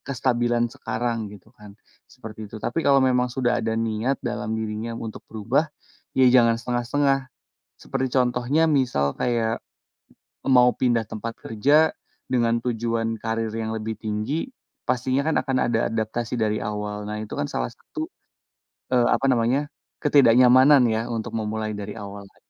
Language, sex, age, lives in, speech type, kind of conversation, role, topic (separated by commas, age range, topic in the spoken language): Indonesian, male, 30-34, Indonesia, conversation, podcast, guest, Bagaimana kamu tahu kapan harus keluar dari zona nyaman?